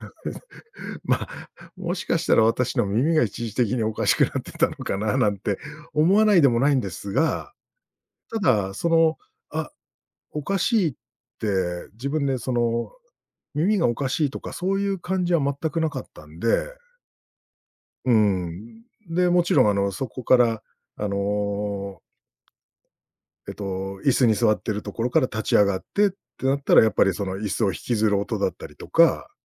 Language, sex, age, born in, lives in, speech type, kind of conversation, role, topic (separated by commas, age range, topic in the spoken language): Japanese, male, 45-49, Japan, Japan, conversation, podcast, guest, アウトドアで一番心に残った瞬間は何ですか？